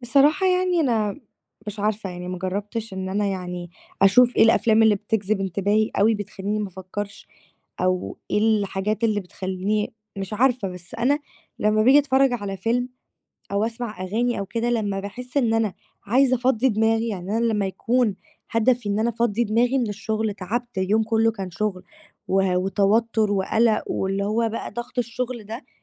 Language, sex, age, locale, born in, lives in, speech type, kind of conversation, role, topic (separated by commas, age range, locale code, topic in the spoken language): Arabic, female, 18-19, ar-EG, Egypt, Greece, conversation, advice, user, ليه بلاقي صعوبة أركز وأنا بتفرّج على فيلم أو بسترخي في البيت؟